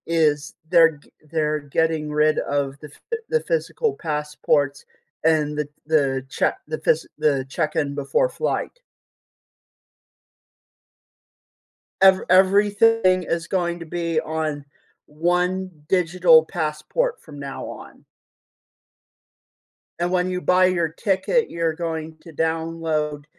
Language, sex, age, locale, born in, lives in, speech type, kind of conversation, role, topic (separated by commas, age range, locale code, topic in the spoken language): English, male, 25-29, en-US, United States, United States, conversation, podcast, host, How do our reasons for celebrating change as we move through different stages of life?